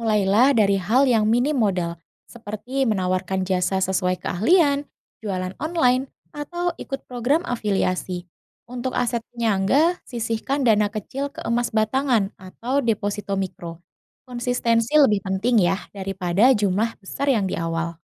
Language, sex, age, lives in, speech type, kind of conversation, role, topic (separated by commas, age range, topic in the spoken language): Indonesian, female, 30-34, Indonesia, read, expert-assistant, expert, Bagaimana cara menentukan prioritas antara menabung untuk dana darurat, investasi jangka panjang, dan kebutuhan sehari-hari dalam perencanaan keuangan pribadi?